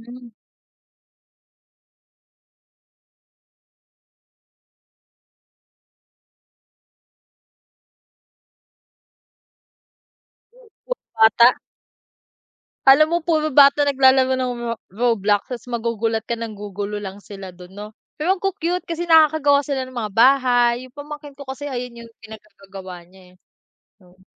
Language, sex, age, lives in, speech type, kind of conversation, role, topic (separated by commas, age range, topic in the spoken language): Filipino, female, 25-29, Philippines, conversation, unstructured, none, Anong simpleng gawain ang nagpapasaya sa iyo araw-araw?